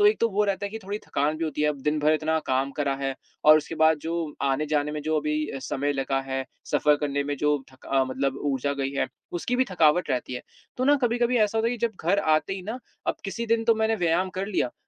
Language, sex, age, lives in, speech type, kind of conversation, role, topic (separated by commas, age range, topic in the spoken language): Hindi, male, 20-24, India, conversation, advice, user, मैं नियमित व्यायाम बनाए रखने में लगातार असफल क्यों हो रहा/रही हूँ?